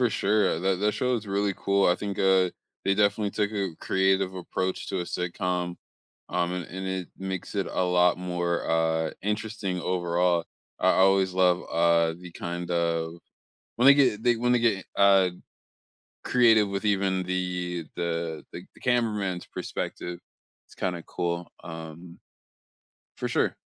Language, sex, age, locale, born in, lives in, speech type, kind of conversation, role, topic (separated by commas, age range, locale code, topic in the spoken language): English, male, 25-29, en-US, United States, United States, conversation, unstructured, none, Which hidden gem films have you been recommending to everyone lately?